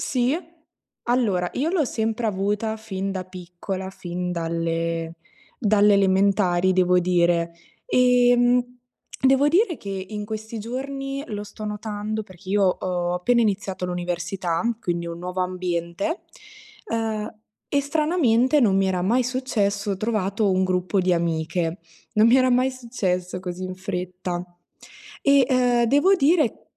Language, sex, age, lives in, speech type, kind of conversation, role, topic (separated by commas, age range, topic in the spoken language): Italian, female, 20-24, Italy, conversation, unstructured, none, Come affronti la paura di non essere accettato dagli altri?